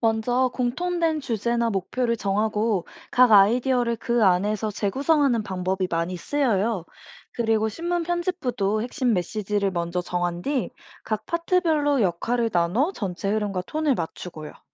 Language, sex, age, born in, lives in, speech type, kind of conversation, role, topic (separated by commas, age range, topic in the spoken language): Korean, female, 25-29, South Korea, United States, read, expert-assistant, expert, 신문이나 온라인 뉴스 기획을 시작할 때 아이디어를 모으고 정리하는 좋은 방법이 있을까요?